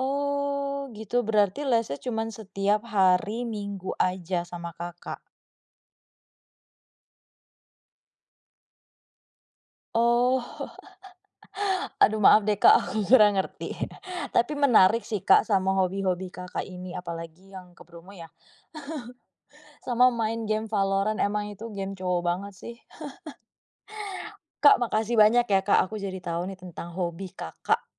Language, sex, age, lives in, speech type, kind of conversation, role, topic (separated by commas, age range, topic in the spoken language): Indonesian, female, 25-29, Indonesia, conversation, podcast, host, Apa hobi favoritmu, dan kenapa kamu menyukainya?